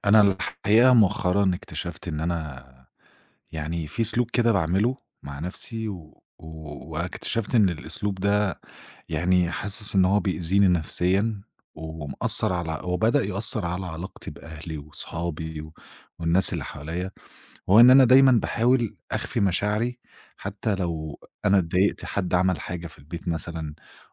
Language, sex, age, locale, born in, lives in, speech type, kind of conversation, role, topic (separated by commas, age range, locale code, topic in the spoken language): Arabic, male, 25-29, ar-EG, Egypt, Egypt, conversation, advice, user, إزاي بخبّي مشاعري عشان أرضّي شريكي أو عيلتي؟